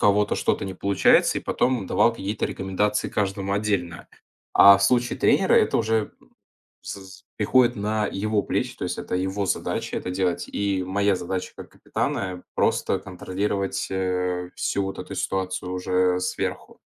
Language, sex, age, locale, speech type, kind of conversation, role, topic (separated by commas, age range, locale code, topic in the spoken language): Russian, male, 20-24, ru-RU, conversation, podcast, guest, Как выстроить доверие в команде?